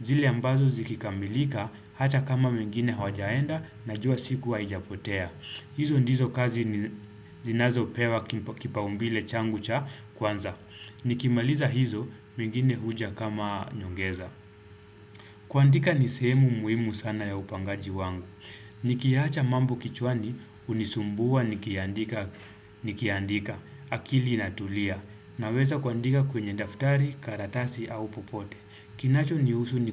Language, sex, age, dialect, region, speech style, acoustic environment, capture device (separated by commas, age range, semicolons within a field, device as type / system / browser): Swahili, male, 25-34, Kenya - Mombasa, Kenya, happy, silence, desktop / Windows / Chrome